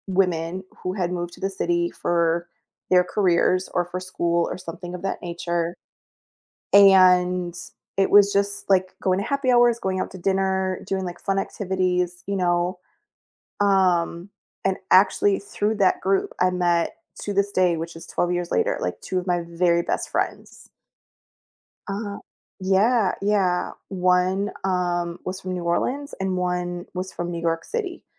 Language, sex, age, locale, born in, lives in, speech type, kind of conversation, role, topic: English, female, 40-44, en-US, United States, United States, conversation, advice, user, How do I meet people and build a social circle after moving to a new city?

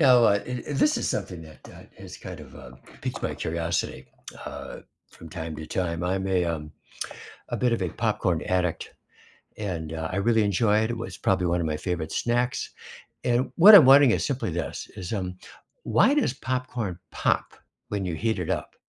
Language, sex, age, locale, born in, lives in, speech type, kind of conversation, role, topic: English, male, 70-74, en-US, United States, United States, read, expert-assistant, asker, What makes popcorn pop when it is heated?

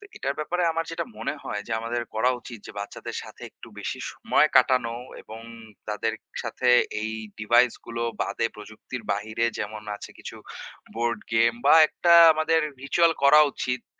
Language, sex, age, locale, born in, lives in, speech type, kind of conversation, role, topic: Bengali, male, 25-29, bn-BD, Bangladesh, Bangladesh, conversation, unstructured, none, আপনার জীবনে প্রযুক্তির কোন দিকটি সবচেয়ে বেশি প্রভাব ফেলেছে?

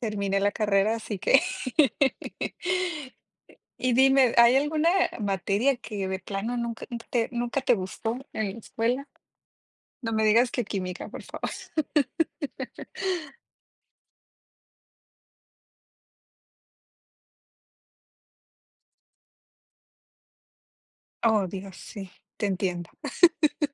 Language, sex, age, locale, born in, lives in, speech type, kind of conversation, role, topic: Spanish, female, 30-34, es-MX, Mexico, Mexico, conversation, unstructured, none, ¿Cuál fue tu materia favorita en la escuela y por qué?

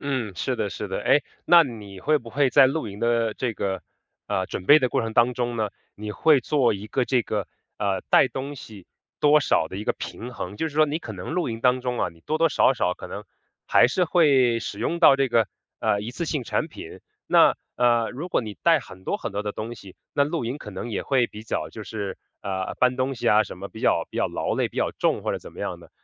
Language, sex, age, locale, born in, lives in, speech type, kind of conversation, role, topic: Chinese, male, 30-34, zh-CN, China, United States, conversation, podcast, host, 你如何看待露营时的环保习惯？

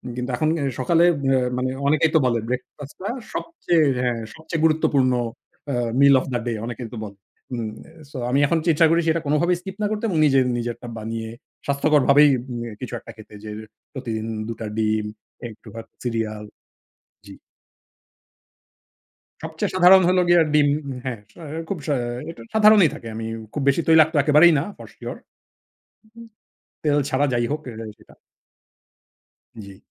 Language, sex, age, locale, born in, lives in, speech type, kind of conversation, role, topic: Bengali, male, 40-44, bn-BD, Bangladesh, Finland, conversation, podcast, guest, নতুন অভ্যাস গড়তে তুমি কীভাবে শুরু করো?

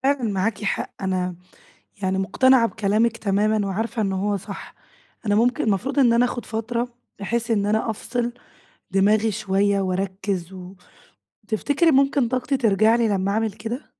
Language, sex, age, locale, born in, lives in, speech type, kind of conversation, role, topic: Arabic, female, 20-24, ar-EG, Egypt, Greece, conversation, advice, user, ليه ببدأ مشاريع جديدة بدل ما أكمّل المشاريع اللي عندي؟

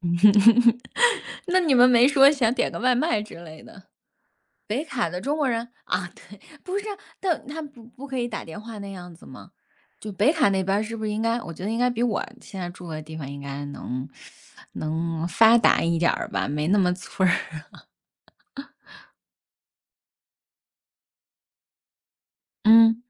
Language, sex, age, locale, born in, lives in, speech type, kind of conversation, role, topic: Chinese, female, 40-44, zh-CN, China, United States, conversation, unstructured, none, 你周末最喜欢做什么活动？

